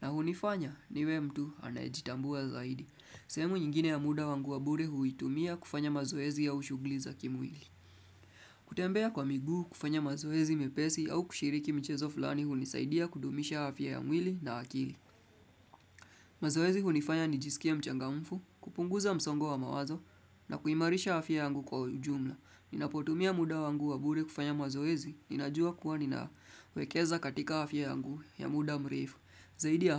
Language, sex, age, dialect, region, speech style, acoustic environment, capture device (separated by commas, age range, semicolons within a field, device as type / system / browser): Swahili, male, 25-34, Kenya - Nairobi Sheng-influenced, Kenya, relaxed, nature; music; appliances; silence, desktop / Windows / Chrome